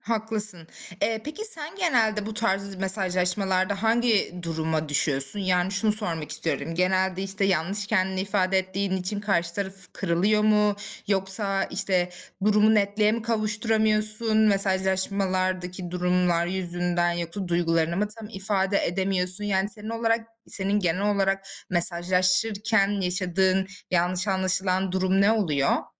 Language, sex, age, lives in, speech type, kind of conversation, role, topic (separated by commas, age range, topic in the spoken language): Turkish, female, 25-29, Germany, conversation, advice, advisor, Mesajlaşırken yanlış bir ton kullanıp karşımdakini incitmekten nasıl kaçınabilirim?